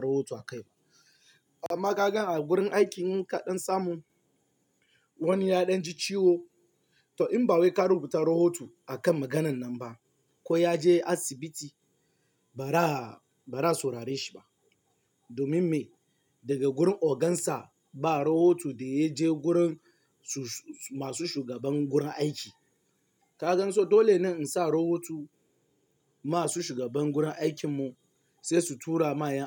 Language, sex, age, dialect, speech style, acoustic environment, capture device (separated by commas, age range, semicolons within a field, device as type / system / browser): Hausa, male, 18-24, Nigeria - Lagos Yoruba, tired; nervous, nature, mobile / Linux / Chrome